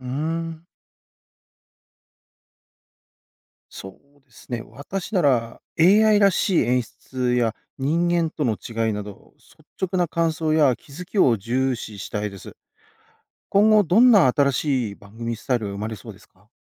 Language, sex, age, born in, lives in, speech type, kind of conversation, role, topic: Japanese, male, 40-44, Japan, Japan, read, expert-assistant, asker, 放送業界の最新トレンドを知りたい時、どこで情報を集めるのが一番おすすめですか？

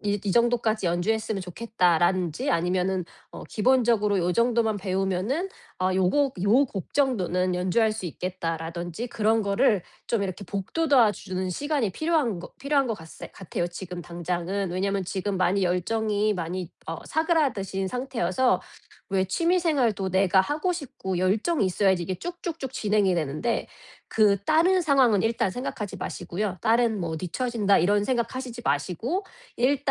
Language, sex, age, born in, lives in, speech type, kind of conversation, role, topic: Korean, female, 40-44, South Korea, United States, conversation, advice, advisor, 시간이 부족할 때 취미를 계속 유지하려면 어떻게 해야 하나요?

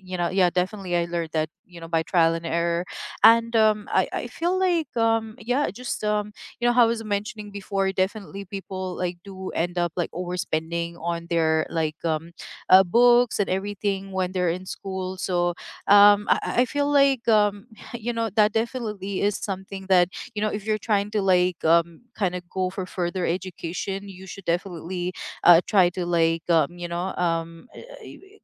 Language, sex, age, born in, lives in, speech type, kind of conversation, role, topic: English, female, 35-39, United States, United States, conversation, unstructured, none, Which real-life study habits have truly worked for you, and how did you figure them out?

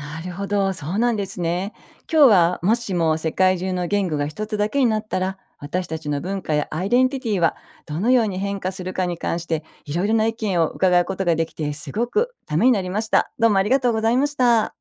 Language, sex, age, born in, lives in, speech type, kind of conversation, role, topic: Japanese, female, 55-59, Japan, Japan, read, expert-assistant, asker, もしも世界中の言語が一つだけになったら、私たちの文化やアイデンティティはどのように変化すると思いますか？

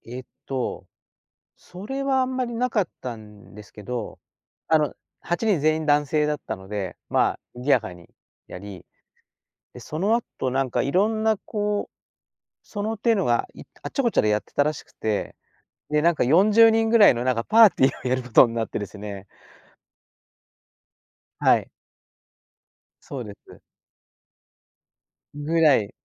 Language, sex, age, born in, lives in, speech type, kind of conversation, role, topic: Japanese, male, 60-64, Japan, Japan, conversation, podcast, guest, オンラインでつながった相手と実際に会うのは、どのタイミングがよいですか？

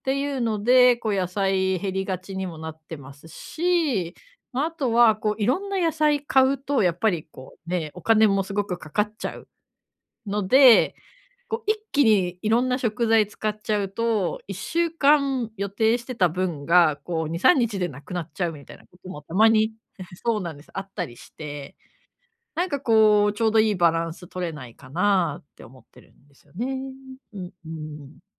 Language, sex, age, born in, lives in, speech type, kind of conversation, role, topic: Japanese, female, 35-39, Japan, Japan, conversation, advice, user, 予算内で栄養のある食事をとるにはどうすればよいですか？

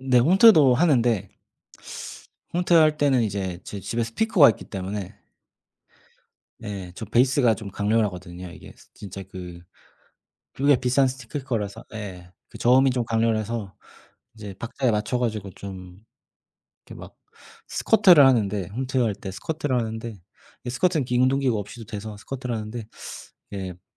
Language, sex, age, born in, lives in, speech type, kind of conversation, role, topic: Korean, male, 30-34, South Korea, Germany, conversation, unstructured, none, 운동할 때 음악을 듣나요, 그리고 어떤 노래가 가장 좋나요?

